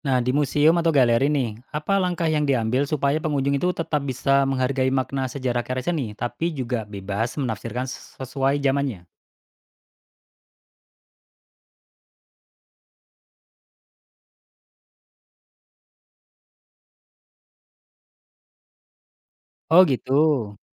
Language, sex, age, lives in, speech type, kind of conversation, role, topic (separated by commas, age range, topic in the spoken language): Indonesian, male, 35-39, Indonesia, read, expert-assistant, asker, Bagaimana cara kerja kritik seni dalam membantu kita melihat makna tersembunyi di balik sebuah lukisan?